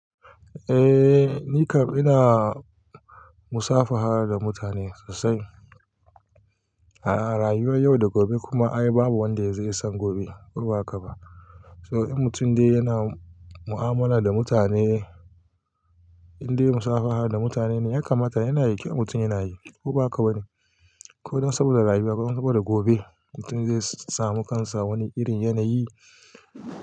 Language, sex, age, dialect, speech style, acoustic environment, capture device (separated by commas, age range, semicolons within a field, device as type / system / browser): Hausa, male, 35-44, Nigeria - Kano, focused, nature, mobile / Linux / Chrome